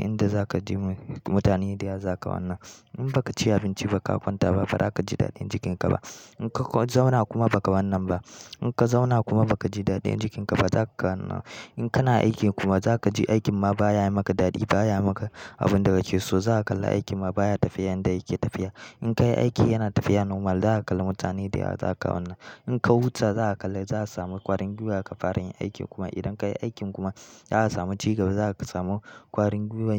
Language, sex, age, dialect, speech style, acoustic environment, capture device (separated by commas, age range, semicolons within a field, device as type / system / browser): Hausa, male, 18-24, Nigeria - Kano, happy, silence, mobile / Linux / Chrome